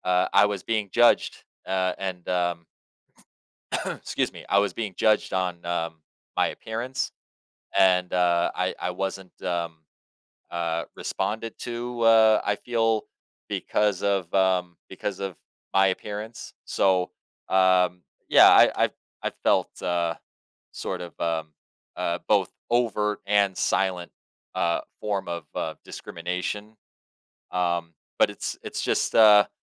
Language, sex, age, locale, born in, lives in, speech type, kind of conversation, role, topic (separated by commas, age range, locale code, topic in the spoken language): English, male, 30-34, en-US, United States, United States, conversation, podcast, guest, What does it take to speak up for what you believe in, even when it’s difficult?